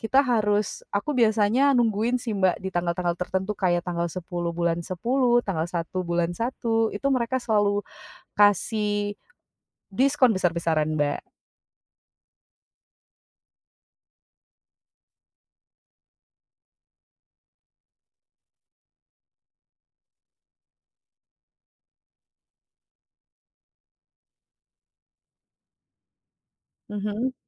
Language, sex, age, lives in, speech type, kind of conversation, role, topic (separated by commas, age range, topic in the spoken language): Indonesian, female, 25-29, Indonesia, conversation, podcast, guest, Apa saja tips belanja hemat tetapi tetap mendapatkan bahan makanan yang enak?